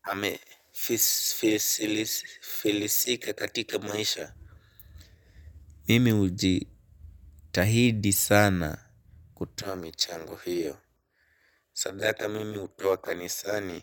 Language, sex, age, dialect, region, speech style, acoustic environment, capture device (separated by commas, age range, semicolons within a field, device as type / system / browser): Swahili, male, 18-24, Kenya - Nairobi Sheng-influenced, Kenya, focused, silence, mobile / Linux / Chrome